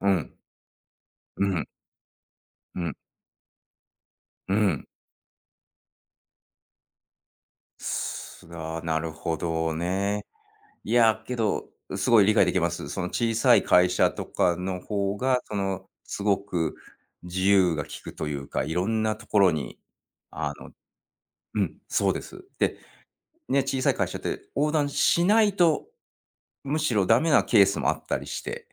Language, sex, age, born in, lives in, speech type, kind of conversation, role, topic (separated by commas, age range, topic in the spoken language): Japanese, male, 45-49, Japan, United States, conversation, podcast, host, 給料とやりがい、どちらを優先しますか？